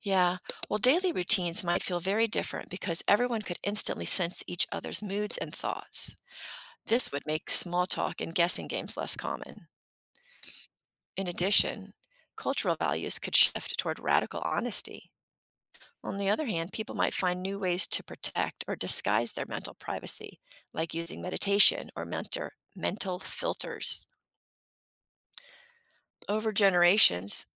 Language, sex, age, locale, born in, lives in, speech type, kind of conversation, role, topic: English, female, 55-59, en-US, United States, United States, read, expert-assistant, expert, What if we could read each other's thoughts?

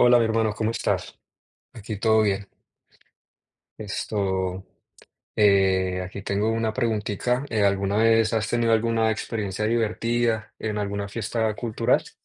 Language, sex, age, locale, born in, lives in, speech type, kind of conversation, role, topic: Spanish, male, 20-24, es-CO, Colombia, United States, conversation, unstructured, none, ¿Has tenido alguna experiencia divertida en una fiesta cultural?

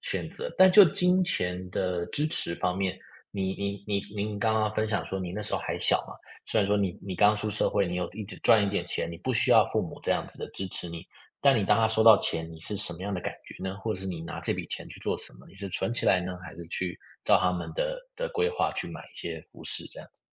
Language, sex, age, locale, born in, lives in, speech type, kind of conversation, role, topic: Chinese, male, 25-29, zh-TW, United States, United States, conversation, podcast, host, 你怎么看待父母用钱来表达爱的方式？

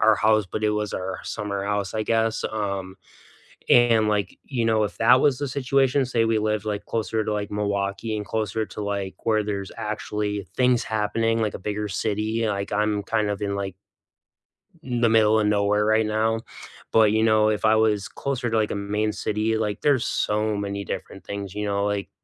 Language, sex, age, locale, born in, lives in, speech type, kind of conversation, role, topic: English, male, 35-39, en-US, United States, United States, conversation, unstructured, none, When friends visit your town, what’s the first place you love to show them, and why?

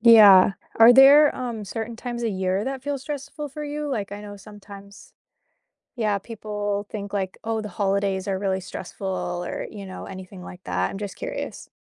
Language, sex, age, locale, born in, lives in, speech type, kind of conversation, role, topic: English, female, 25-29, en-US, United States, United States, conversation, unstructured, none, What helps you feel calm when you’re stressed?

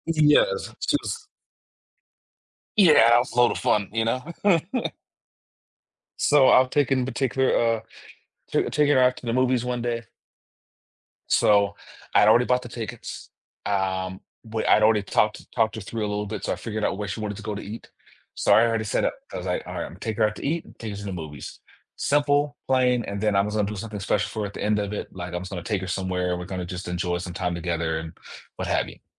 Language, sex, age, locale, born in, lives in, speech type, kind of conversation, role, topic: English, male, 35-39, en-US, Germany, United States, conversation, podcast, guest, How do our personal experiences shape what we see as fair in everyday life?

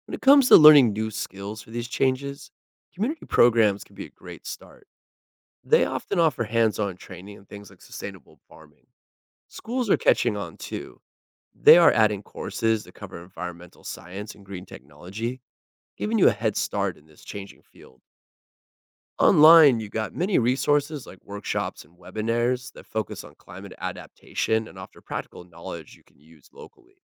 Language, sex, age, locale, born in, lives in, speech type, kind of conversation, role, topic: English, male, 40-44, en-US, United States, United States, read, expert-assistant, expert, How does the water cycle sustain life on Earth?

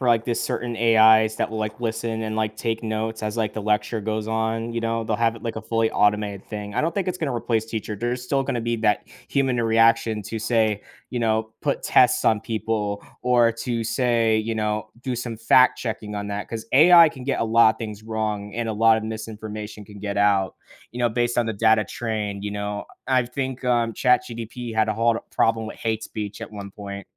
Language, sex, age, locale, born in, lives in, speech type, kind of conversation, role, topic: English, male, 18-19, en-US, United States, United States, conversation, podcast, guest, How is technology reshaping the way we work and the skills we need for the future?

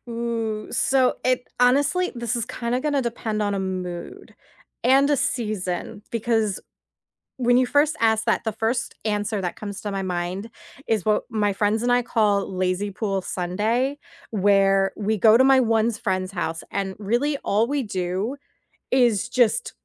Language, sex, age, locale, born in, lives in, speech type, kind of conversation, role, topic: English, female, 30-34, en-US, United States, United States, conversation, unstructured, none, What is your ideal lazy Sunday, from your first coffee to your evening wind-down?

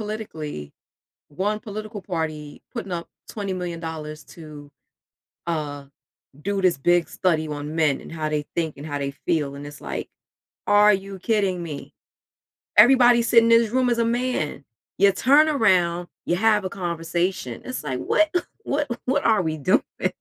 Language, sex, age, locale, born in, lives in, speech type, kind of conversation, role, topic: English, female, 40-44, en-US, United States, United States, conversation, podcast, guest, How can reflecting on history help us make better choices today?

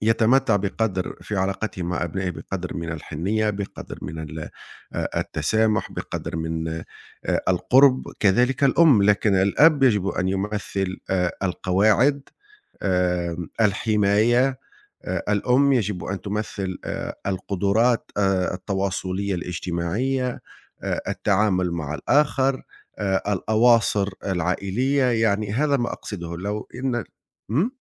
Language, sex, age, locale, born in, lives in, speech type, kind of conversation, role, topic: Arabic, male, 40-44, ar-TN, Tunisia, Italy, conversation, unstructured, none, إزاي الخلافات العائلية بتأثر على علاقاتنا اليومية؟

